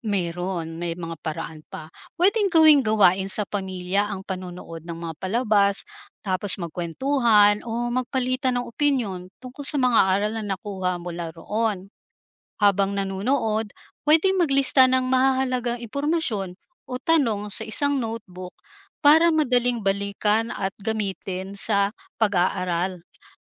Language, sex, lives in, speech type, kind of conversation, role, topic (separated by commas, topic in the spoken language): Filipino, female, Philippines, read, expert-assistant, expert, Ano ang epekto ng labis na panonood ng telebisyon sa pag-aaral?